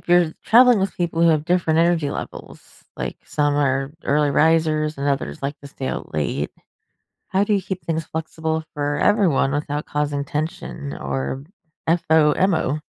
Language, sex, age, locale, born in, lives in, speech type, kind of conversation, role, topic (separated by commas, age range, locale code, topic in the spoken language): English, female, 40-44, en-US, United States, United States, read, expert-assistant, asker, How much should you plan a trip in advance versus leaving room for spontaneous adventures?